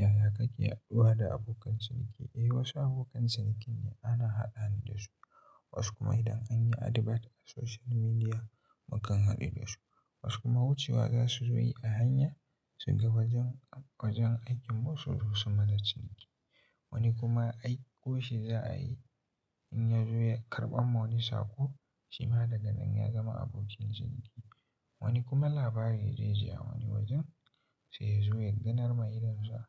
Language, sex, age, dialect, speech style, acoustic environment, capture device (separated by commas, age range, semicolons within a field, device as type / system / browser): Hausa, male, 18-24, Nigeria - Katsina, happy, silence, mobile / Linux / Chrome